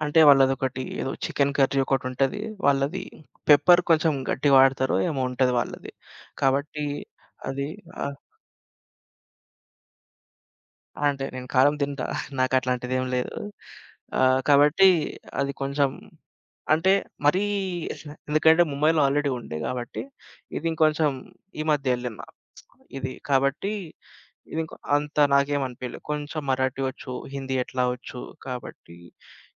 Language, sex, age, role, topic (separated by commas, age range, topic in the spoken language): Telugu, male, 25-29, guest, మీరు ఎప్పుడైనా స్థానిక కుటుంబంతో కొన్ని రోజులు గడిపారా? మీ అనుభవం ఎలా ఉండింది?